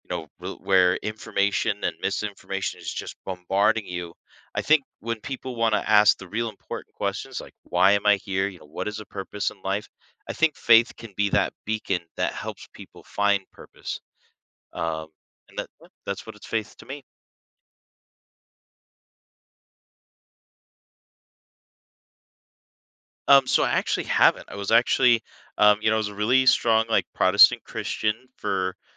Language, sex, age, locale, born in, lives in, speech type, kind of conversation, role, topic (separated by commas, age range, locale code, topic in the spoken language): English, male, 30-34, en-US, United States, United States, conversation, podcast, guest, How has faith shaped your life and the choices you make?